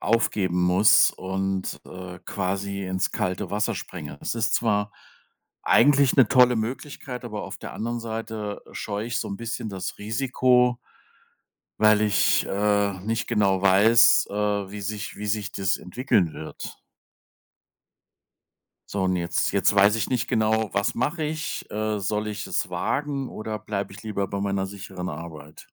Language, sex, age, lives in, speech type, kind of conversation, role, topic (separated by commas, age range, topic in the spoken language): German, male, 55-59, Germany, conversation, advice, user, Wie entscheide ich zwischen einem sicheren Job und dem Risiko, meinen Karriereweg zu verändern?